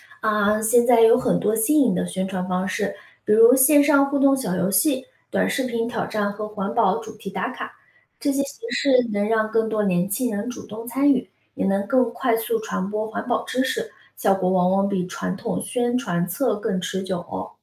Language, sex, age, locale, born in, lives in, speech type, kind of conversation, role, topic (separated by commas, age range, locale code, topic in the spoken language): Chinese, female, 30-34, zh-CN, China, United States, read, expert-assistant, expert, 在城市里生活，怎样实际参与到保护海洋生物的行动中？